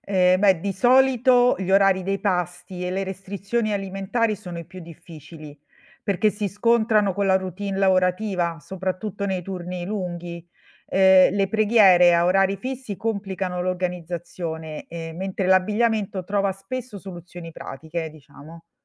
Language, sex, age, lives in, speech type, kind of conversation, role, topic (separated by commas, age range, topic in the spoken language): Italian, female, 45-49, Italy, read, expert-assistant, expert, Cosa cambierebbe nella tua vita quotidiana se dovessi seguire i riti di una religione diversa dalla tua per una settimana?